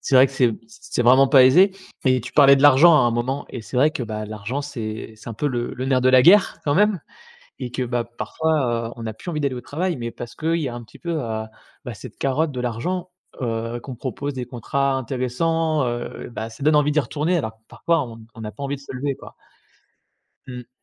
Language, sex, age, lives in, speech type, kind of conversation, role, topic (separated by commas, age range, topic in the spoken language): French, male, 30-34, France, conversation, podcast, host, Comment sais-tu qu’il est temps de changer de travail ?